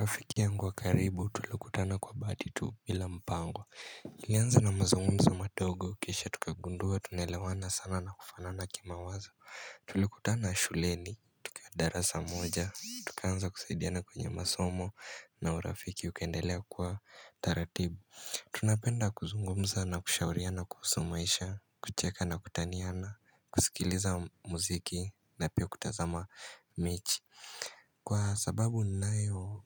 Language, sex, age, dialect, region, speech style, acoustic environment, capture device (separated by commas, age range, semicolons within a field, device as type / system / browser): Swahili, male, 18-24, Kenya - Mombasa, Kenya, happy, silence, mobile / Linux / Chrome